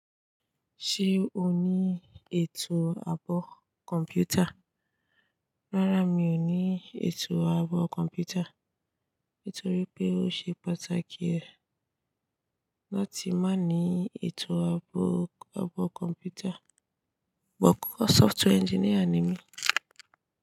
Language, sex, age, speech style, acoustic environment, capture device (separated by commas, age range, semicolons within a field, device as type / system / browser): Yoruba, female, 18-24, relaxed, silence, desktop / Linux / Chrome